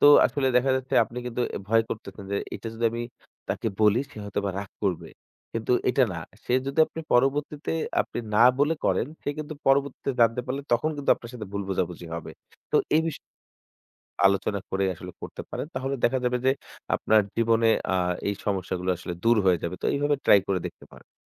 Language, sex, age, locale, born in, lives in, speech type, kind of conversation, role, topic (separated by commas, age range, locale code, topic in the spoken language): Bengali, male, 25-29, bn-BD, Bangladesh, Bangladesh, conversation, advice, advisor, সঙ্গীর সঙ্গে অর্থ নিয়ে ঝগড়া কীভাবে কমানো যায়?